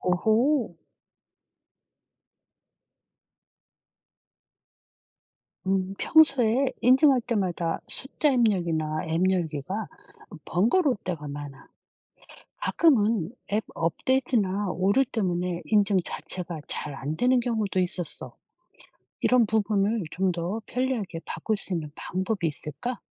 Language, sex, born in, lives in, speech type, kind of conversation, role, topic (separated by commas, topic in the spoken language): Korean, female, South Korea, South Korea, read, expert-assistant, asker, 가상 화폐가 국제 거래에 사용되는 방식은 무엇인가요?